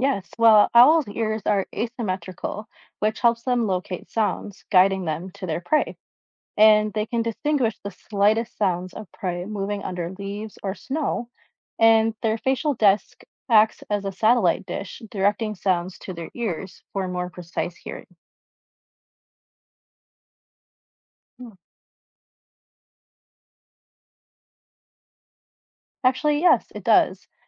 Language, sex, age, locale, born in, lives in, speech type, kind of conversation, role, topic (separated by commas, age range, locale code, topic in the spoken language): English, female, 25-29, en-US, United States, United States, read, expert-assistant, expert, What makes an owl such a good hunter?